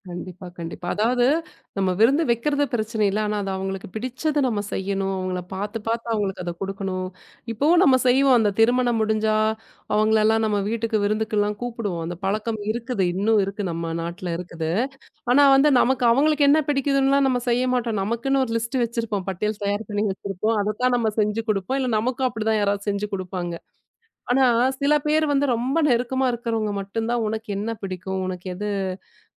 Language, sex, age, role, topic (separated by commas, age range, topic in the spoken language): Tamil, female, 35-39, host, அங்கு மக்கள் எப்படி விருந்தோம்பல் காட்டினார்கள்?